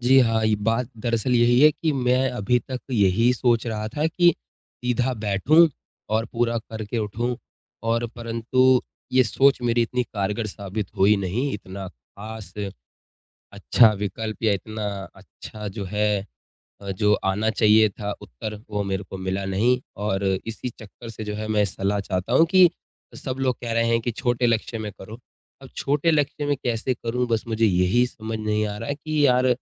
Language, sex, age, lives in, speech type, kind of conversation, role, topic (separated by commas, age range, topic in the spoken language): Hindi, male, 20-24, India, conversation, advice, user, मैं छोटे लक्ष्य कैसे तय करूँ और लगातार प्रगति कैसे करूँ?